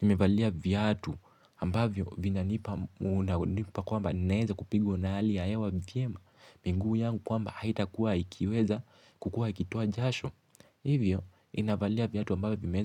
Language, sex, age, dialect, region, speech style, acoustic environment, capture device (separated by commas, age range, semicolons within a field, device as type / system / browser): Swahili, male, 18-24, Kenya - Mombasa, Kenya, relaxed; focused, silence; nature, mobile / Linux / Chrome